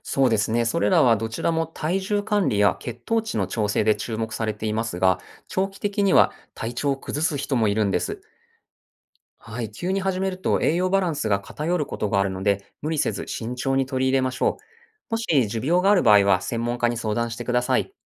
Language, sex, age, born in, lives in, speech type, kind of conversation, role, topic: Japanese, male, 40-44, Japan, Japan, read, expert-assistant, expert, ネットで見かける健康情報は、どうやって本当に信じていいか確かめればいいですか？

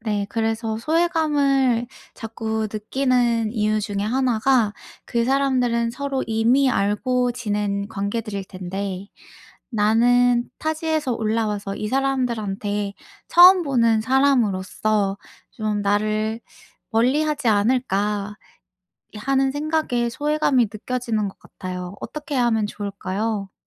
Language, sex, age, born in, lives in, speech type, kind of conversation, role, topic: Korean, female, 25-29, South Korea, United States, conversation, advice, user, 그룹 행사에서 소외감을 느낄 때 어떻게 하면 좋을까요?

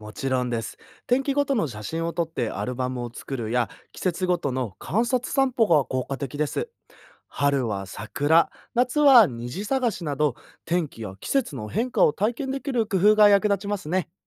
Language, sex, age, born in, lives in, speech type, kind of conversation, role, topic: Japanese, male, 20-24, Japan, Japan, read, expert-assistant, expert, 毎日の天気予報をもっと楽しく、役立つものにするには、どんな新しいアイデアが考えられるでしょうか？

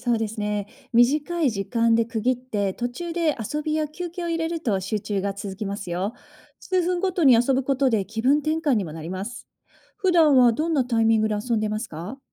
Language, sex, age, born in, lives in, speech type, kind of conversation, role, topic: Japanese, female, 40-44, Japan, United States, read, expert-assistant, expert, ペットの性格や年齢に合わせて、どんなトレーニング計画を立てるのが効果的でしょうか？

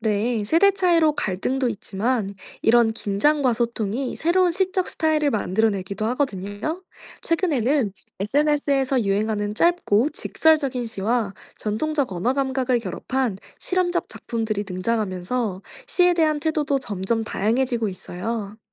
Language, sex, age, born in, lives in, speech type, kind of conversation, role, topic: Korean, female, 25-29, South Korea, France, read, expert-assistant, expert, 한국과 다른 나라에서 시를 대하는 문화적 태도에는 어떤 차이가 있을까요? 시에 대한 사회적 인식이나 전통을 비교해볼까요?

